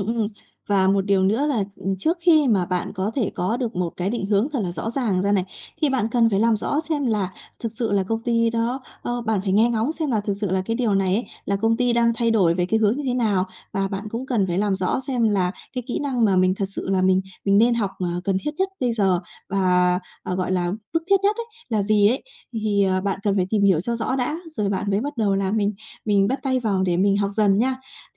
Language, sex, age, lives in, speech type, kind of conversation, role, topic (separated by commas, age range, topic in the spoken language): Vietnamese, female, 30-34, Vietnam, conversation, advice, advisor, Công ty tái cấu trúc làm thay đổi vai trò